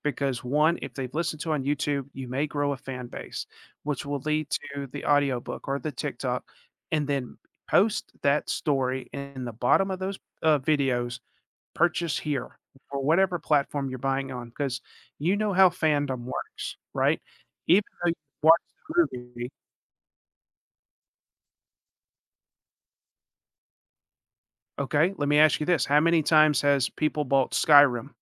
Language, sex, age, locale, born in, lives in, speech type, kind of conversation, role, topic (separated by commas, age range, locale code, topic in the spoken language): English, male, 40-44, en-US, United States, United States, conversation, podcast, host, What helps you find inspiration when you feel stuck creatively?